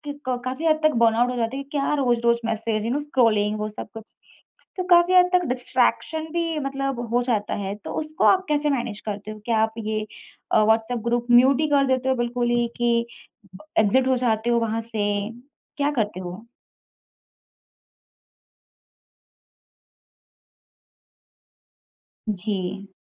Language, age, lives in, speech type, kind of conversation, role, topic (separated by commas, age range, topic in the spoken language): Hindi, 25-29, India, conversation, podcast, host, Group chats ko manage karne ke liye tum kaunse rules banate ho?